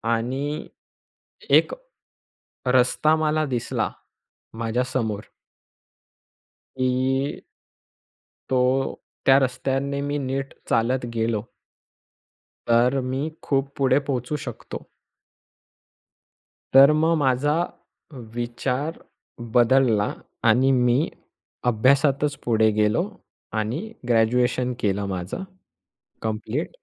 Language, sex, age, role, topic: Marathi, male, 20-24, guest, आई-वडिलांना ‘नाही’ कसे सांगावे?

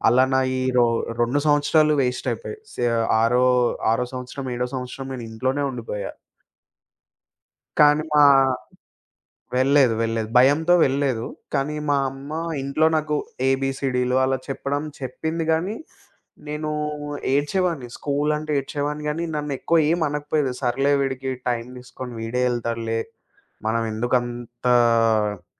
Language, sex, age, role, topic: Telugu, male, 25-29, guest, మీ ఇంట్లో ప్రేమను ఎలా చూపించేవారు?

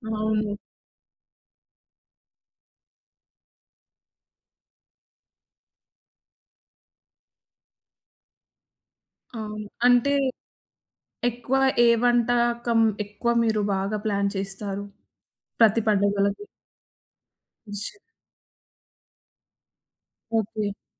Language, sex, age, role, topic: Telugu, female, 20-24, host, పండుగ కోసం వంటపని ప్రణాళికను మీరు ఎలా సిద్ధం చేసుకోగలరు?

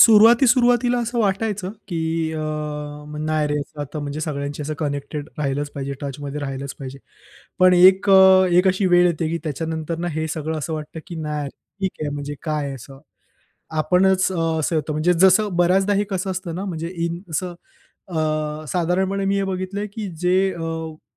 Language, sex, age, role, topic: Marathi, male, 25-29, guest, सोशल मिडियावर वेळ घालवताना तुम्ही कसे संतुलन साधता?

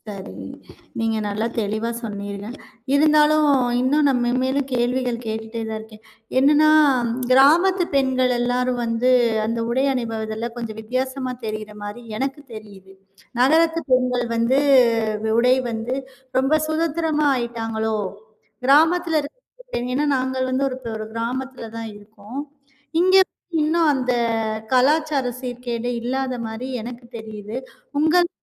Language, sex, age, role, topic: Tamil, female, 35-39, host, உடை அணிவதால் மனநிலை மாறும் என்று நீங்கள் நினைக்கிறீர்களா? ஏன்?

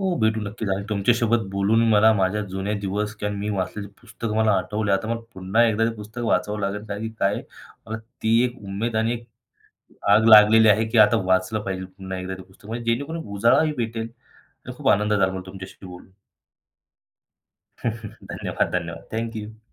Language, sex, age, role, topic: Marathi, male, 25-29, guest, पूर्वजांच्या प्रवासाच्या कथांचा आपल्या आयुष्यावर किती प्रभाव पडतो?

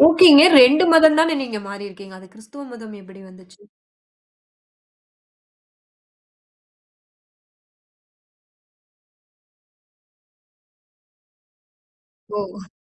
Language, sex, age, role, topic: Tamil, female, 30-34, host, அங்கே நீங்கள் சந்தித்த ஒருவரிடமிருந்து கற்றுக்கொண்ட பழக்கம் எது?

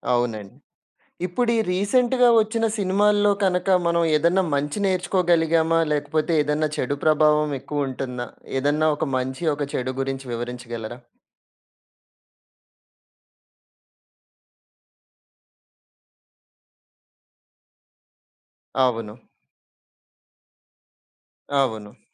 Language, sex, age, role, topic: Telugu, male, 30-34, host, సినిమాలు మన సంస్కృతిని ఎలా ప్రతిబింబిస్తాయని మీరు అనుకుంటారు?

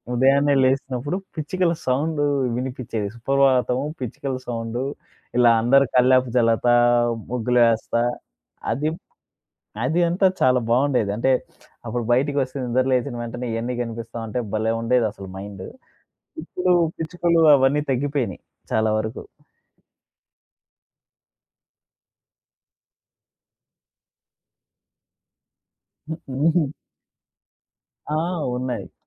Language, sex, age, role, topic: Telugu, male, 25-29, host, ప్రతి రోజు ప్రారంభంలో మీరు పాటించే చిన్న దినచర్య ఏదైనా ఉందా?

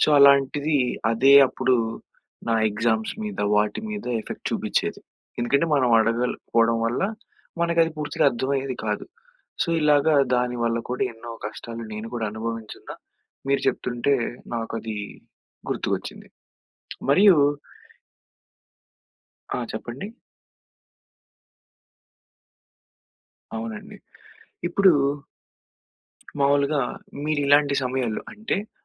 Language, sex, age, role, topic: Telugu, male, 20-24, host, మద్దతు అడగడం నేర్చుకోవడంలో మీరు ఎదుర్కొన్న అతిపెద్ద కష్టం ఏమిటి?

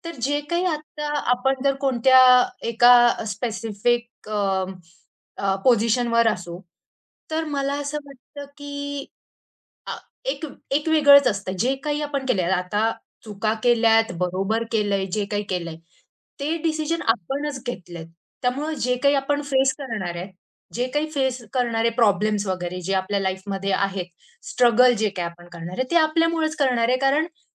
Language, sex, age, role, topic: Marathi, female, 25-29, guest, तुम्ही कुटुंबाकडून मिळणारा आधार कसा अनुभवला आहे?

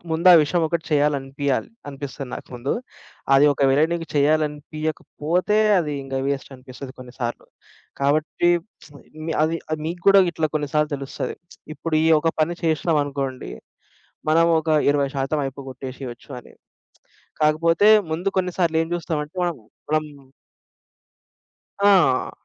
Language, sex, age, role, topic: Telugu, male, 25-29, guest, ఆలస్యం చేస్తూ పనిని వాయిదా వేసే అలవాటు వచ్చినప్పుడు మీరు ఏమి చేస్తారు?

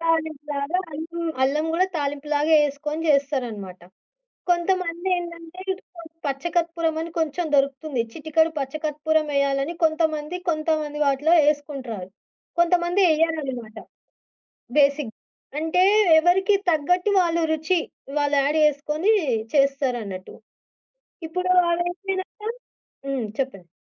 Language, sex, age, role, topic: Telugu, female, 25-29, guest, మీరు అందరితో కలిసి జరిపిన చక్కటి పండగ అనుభవం గురించి చెప్పగలరా?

